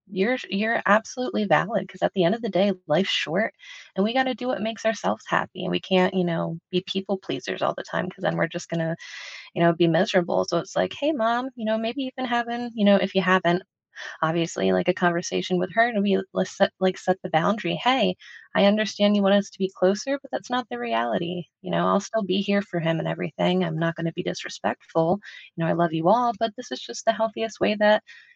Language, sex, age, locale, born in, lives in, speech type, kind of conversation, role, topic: English, female, 30-34, en-US, United States, United States, conversation, advice, advisor, How can I set boundaries with a toxic family member without escalating conflict?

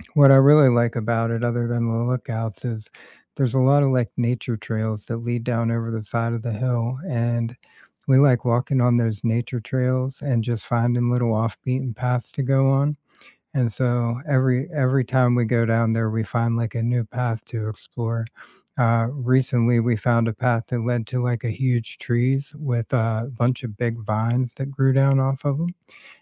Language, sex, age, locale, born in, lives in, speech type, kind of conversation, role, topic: English, male, 40-44, en-US, United States, United States, conversation, unstructured, none, Which local spot do you love introducing friends to, and what makes spending time there together feel special?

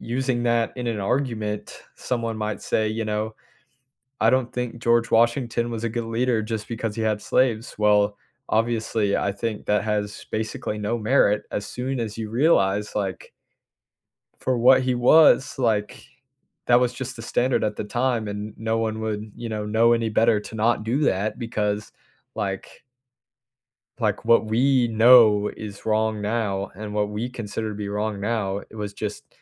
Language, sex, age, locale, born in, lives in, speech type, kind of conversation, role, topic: English, male, 18-19, en-US, United States, United States, conversation, unstructured, none, How does history illustrate the dangers of ignoring warning signs?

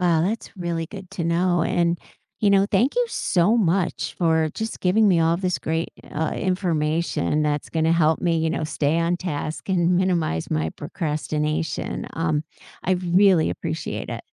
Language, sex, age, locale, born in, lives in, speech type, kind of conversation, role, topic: English, female, 55-59, en-US, United States, United States, read, expert-assistant, asker, How can behavioral economics reduce workweek procrastination?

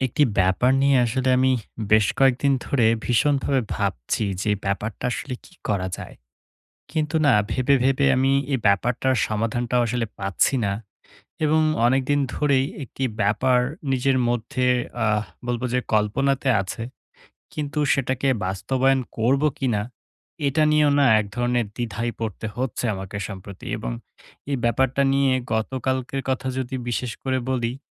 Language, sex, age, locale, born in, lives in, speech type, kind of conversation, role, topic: Bengali, male, 18-19, bn-BD, Bangladesh, Bangladesh, conversation, advice, user, অনলাইনে কীভাবে নিজের আসল সত্তা বজায় রাখতে পারি?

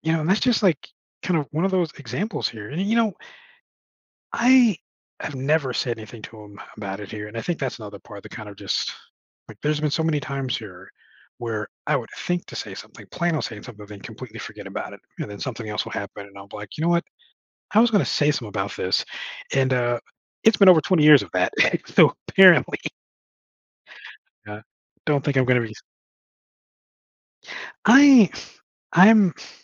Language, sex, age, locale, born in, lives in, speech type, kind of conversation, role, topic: English, male, 40-44, en-US, United States, United States, conversation, advice, user, How can I repair trust after a disagreement with a close friend?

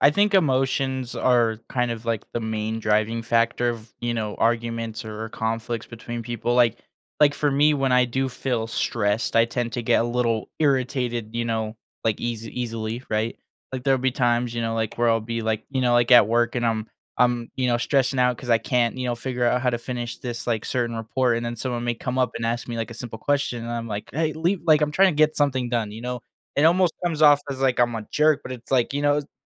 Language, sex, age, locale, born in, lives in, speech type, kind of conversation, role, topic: English, male, 20-24, en-US, United States, United States, conversation, podcast, host, What are the main reasons people struggle to get along with each other?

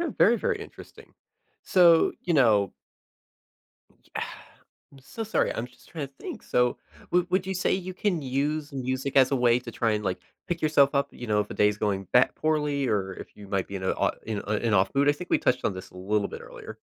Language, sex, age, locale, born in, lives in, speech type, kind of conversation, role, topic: English, male, 20-24, en-US, United States, United States, conversation, podcast, host, How does music shape your everyday experiences and routines?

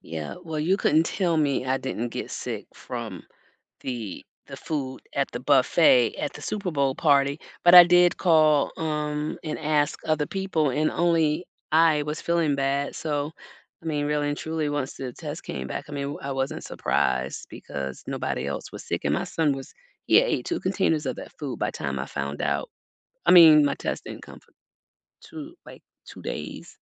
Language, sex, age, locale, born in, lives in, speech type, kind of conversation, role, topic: English, female, 60-64, en-US, United States, United States, conversation, unstructured, none, How does the fear of foodborne illness affect your eating habits?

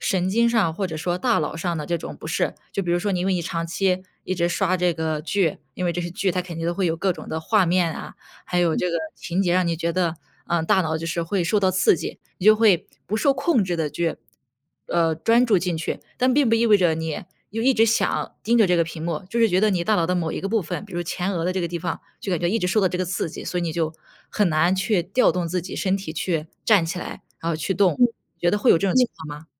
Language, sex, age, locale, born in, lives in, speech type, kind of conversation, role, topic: Chinese, female, 25-29, zh-CN, China, United States, conversation, advice, advisor, 我久坐不动想改变却缺乏动力，该怎么开始行动？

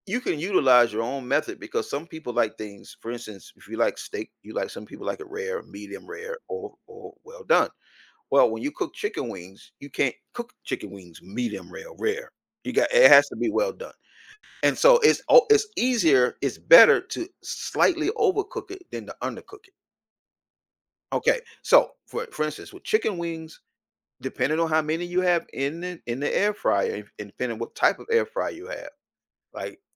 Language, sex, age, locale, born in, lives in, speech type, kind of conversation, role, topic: English, male, 55-59, en-US, United States, United States, conversation, podcast, guest, Why do certain foods bring us comfort and nostalgia?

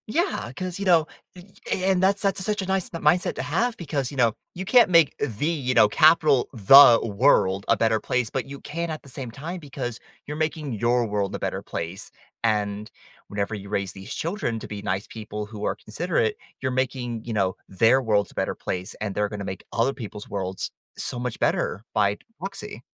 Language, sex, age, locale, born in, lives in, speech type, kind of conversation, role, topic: English, male, 20-24, en-US, United States, United States, conversation, podcast, host, Why do small gestures of kindness matter in building stronger communities?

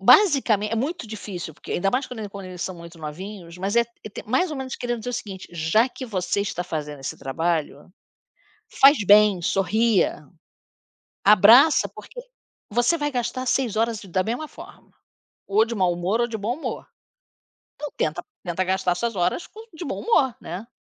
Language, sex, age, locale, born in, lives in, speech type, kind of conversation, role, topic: Portuguese, female, 60-64, pt-BR, Brazil, United States, conversation, unstructured, none, O que mais te deixa bravo em restaurantes de fast-food?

